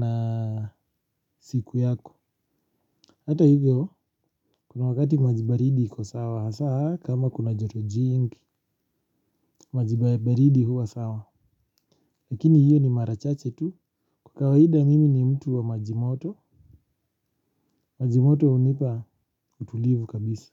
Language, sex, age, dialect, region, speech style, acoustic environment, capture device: Swahili, male, 25-34, Kenya - Nairobi Sheng-influenced, Kenya, relaxed, silence; nature, mobile / Linux / Chrome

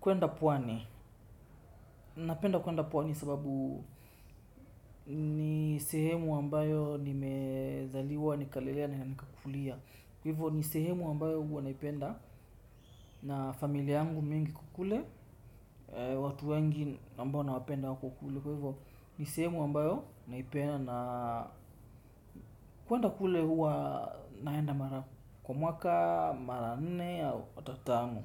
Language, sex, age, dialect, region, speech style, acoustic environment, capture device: Swahili, male, 25-34, Kenya - Mombasa, Kenya, tired, nature, desktop / Windows / Chrome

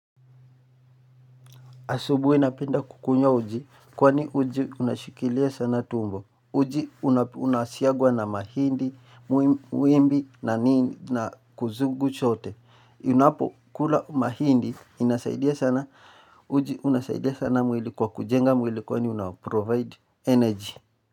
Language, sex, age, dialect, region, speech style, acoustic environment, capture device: Swahili, male, 18-24, Kenya - Nairobi Sheng-influenced, Kenya, nervous; happy, silence, mobile / Linux / Chrome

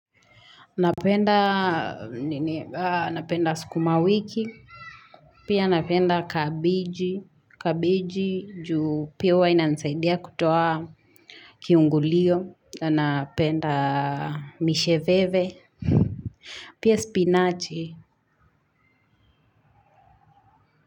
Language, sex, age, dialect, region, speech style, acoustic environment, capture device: Swahili, female, 25-34, Kenya - Nairobi Sheng-influenced, Kenya, happy, nature, mobile / Linux / Chrome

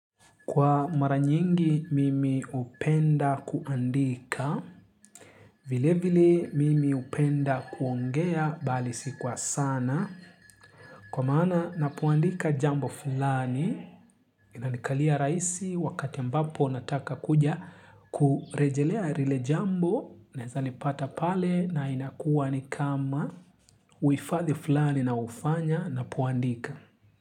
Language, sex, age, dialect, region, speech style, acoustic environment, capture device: Swahili, male, 25-34, Kenya - Nairobi Sheng-influenced, Kenya, relaxed; excited; focused, silence, mobile / Linux / Chrome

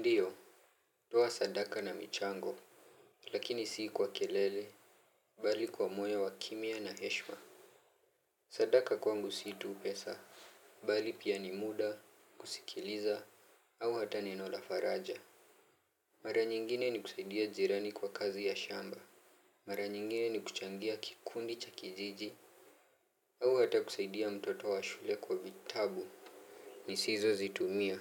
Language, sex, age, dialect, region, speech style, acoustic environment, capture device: Swahili, male, 18-24, Kenya - Nairobi Sheng-influenced, Kenya, happy; focused; relaxed, nature, mobile / Linux / Chrome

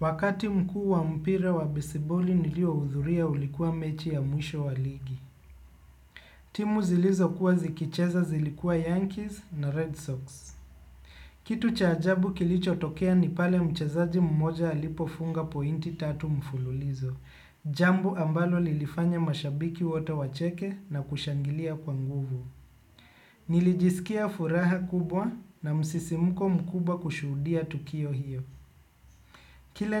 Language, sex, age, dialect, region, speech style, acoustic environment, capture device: Swahili, male, 25-34, Kenya - Nairobi Sheng-influenced, Kenya, relaxed, construction; appliances, desktop / Windows / Chrome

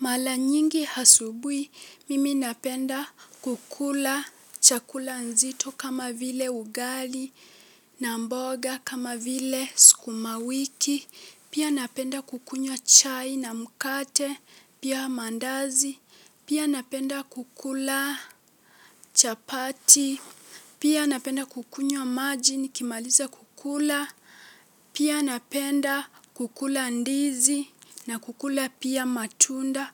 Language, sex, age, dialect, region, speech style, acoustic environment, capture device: Swahili, female, 18-24, Kenya - Mombasa, Kenya, relaxed, silence; nature, mobile / Linux / Chrome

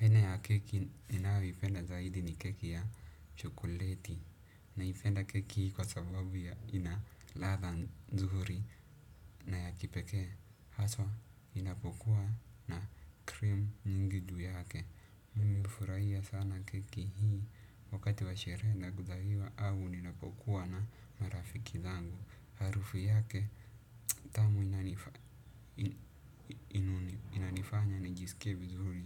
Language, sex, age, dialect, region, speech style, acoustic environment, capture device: Swahili, male, 18-24, Kenya - Nairobi Sheng-influenced, Kenya, happy, silence, desktop / Windows / Chrome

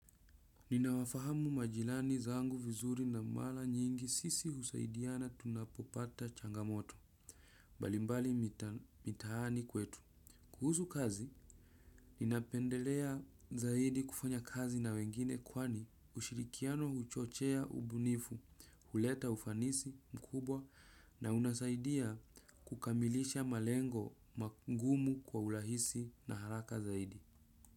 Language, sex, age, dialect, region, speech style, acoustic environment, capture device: Swahili, male, 25-34, Kenya - Nairobi Sheng-influenced, Kenya, relaxed, nature, desktop / Windows / Chrome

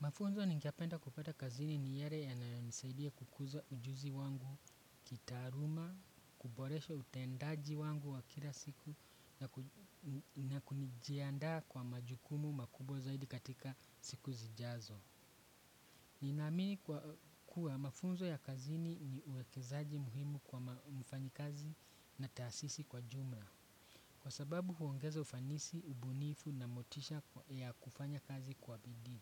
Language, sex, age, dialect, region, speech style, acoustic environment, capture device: Swahili, male, 25-34, Kenya - Nairobi Sheng-influenced, Kenya, excited, traffic, desktop / Windows / Chrome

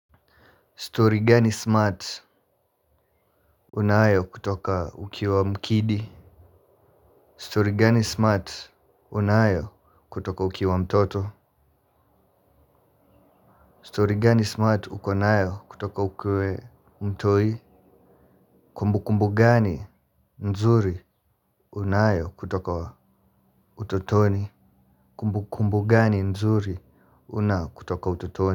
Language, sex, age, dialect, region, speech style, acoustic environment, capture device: Swahili, male, 18-24, Kenya - Nairobi Sheng-influenced, Kenya, focused; relaxed, silence; nature, mobile / Linux / Chrome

